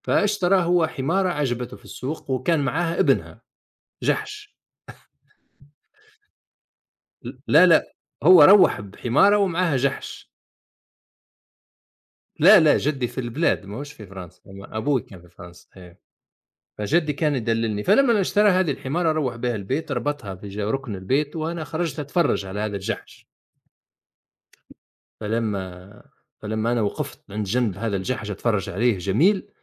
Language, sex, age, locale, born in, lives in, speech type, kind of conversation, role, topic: Arabic, male, 50-54, ar-TN, Tunisia, United States, conversation, unstructured, none, إزاي الحيوانات الأليفة بتأثر على المزاج العام للإنسان؟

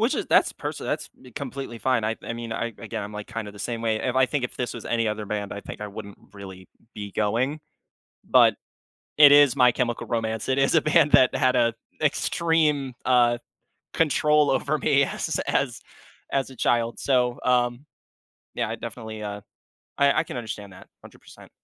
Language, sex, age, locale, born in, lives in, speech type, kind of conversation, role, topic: English, male, 20-24, en-US, United States, United States, conversation, podcast, host, How do friendships shape the way we discover and enjoy music?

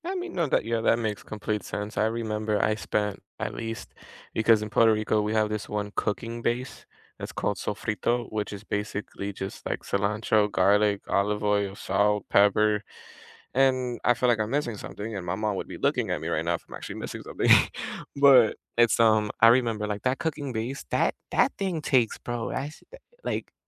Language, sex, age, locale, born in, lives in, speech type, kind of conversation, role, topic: English, male, 20-24, en-US, United States, United States, conversation, unstructured, none, What comfort meal do you love, and what memory is attached to it?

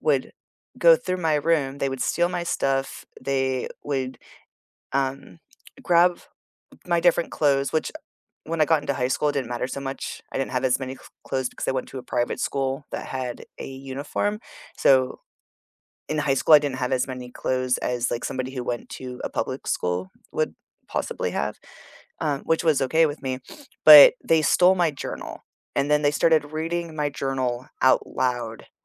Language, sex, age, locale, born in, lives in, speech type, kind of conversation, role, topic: English, female, 40-44, en-US, United States, United States, conversation, unstructured, none, Which weekend rituals help you reset and recharge, and what makes them meaningful to you?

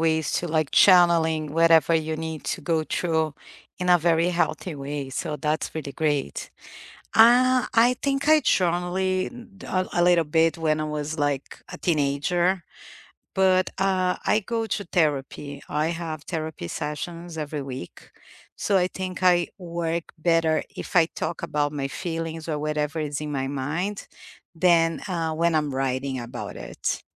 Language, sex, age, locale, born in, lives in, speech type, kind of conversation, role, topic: English, female, 45-49, en-BR, Brazil, United States, conversation, unstructured, none, What weekend rituals help you recharge and feel grounded, and what makes them meaningful to you?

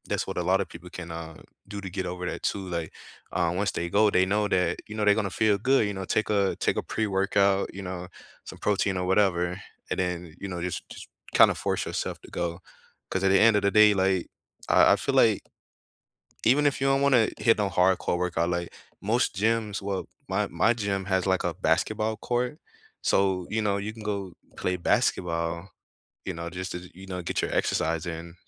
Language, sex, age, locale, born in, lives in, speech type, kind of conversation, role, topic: English, male, 25-29, en-US, United States, United States, conversation, unstructured, none, How do you stay motivated to exercise regularly?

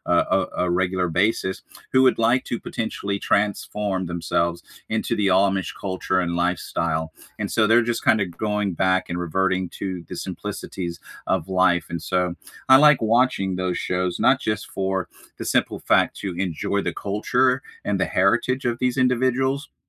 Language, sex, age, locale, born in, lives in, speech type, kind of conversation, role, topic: English, male, 40-44, en-US, United States, United States, conversation, unstructured, none, What comfort TV shows do you rewatch when you need a lift?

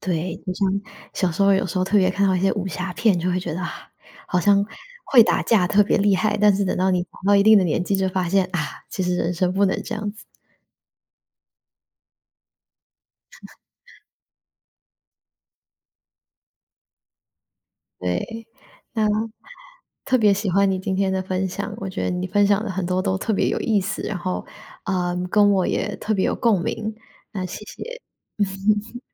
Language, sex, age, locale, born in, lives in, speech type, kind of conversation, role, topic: Chinese, female, 25-29, zh-TW, Taiwan, United States, conversation, podcast, host, 你觉得角色会通过哪些方式影响观众？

